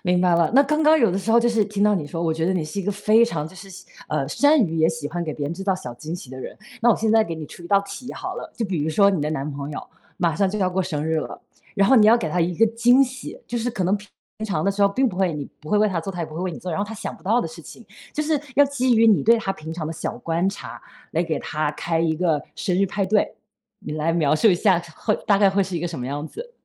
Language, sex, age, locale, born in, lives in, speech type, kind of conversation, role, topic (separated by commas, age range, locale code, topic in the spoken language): Chinese, female, 35-39, zh-CN, China, United States, conversation, podcast, host, 你能分享一次让你特别感动的瞬间吗？